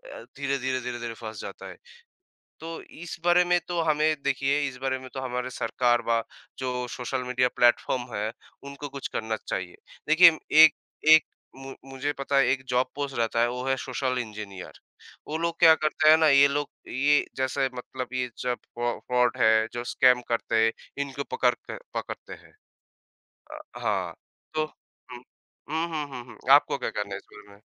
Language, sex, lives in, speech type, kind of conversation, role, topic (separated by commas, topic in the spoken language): Hindi, male, India, conversation, unstructured, none, क्या साइबर सुरक्षा पर ध्यान देना ज़रूरी है, और क्यों?